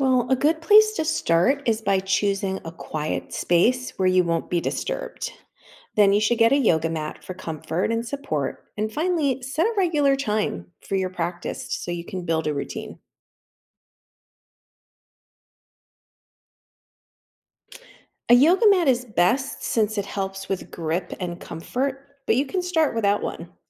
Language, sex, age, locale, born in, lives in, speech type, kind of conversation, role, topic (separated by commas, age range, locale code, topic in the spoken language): English, female, 50-54, en-US, United States, United States, read, expert-assistant, expert, How do you get started practicing yoga at home?